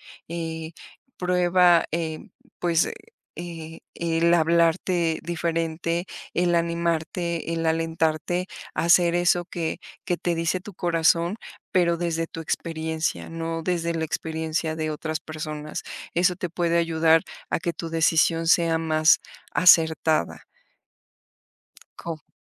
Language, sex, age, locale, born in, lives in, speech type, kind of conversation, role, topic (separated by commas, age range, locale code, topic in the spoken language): Spanish, female, 45-49, es-MX, Mexico, Mexico, conversation, advice, advisor, ¿Debería cambiar de carrera si me da miedo empezar de cero?